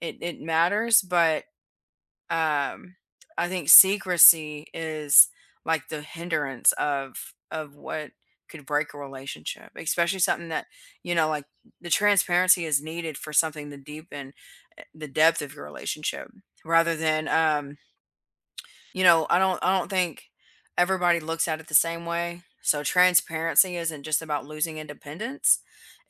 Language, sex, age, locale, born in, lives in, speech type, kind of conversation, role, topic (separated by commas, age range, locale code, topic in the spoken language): English, female, 30-34, en-US, United States, United States, conversation, unstructured, none, Does sharing passwords build trust, or does privacy better protect healthy relationships in modern partnerships?